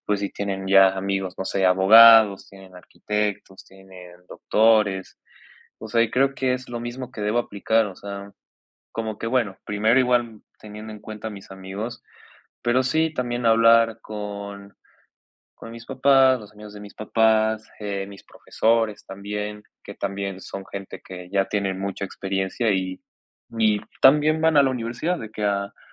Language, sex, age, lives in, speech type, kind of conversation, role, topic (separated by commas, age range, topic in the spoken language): Spanish, male, 20-24, Mexico, conversation, advice, user, ¿Cómo quieres construir y mantener una red profesional?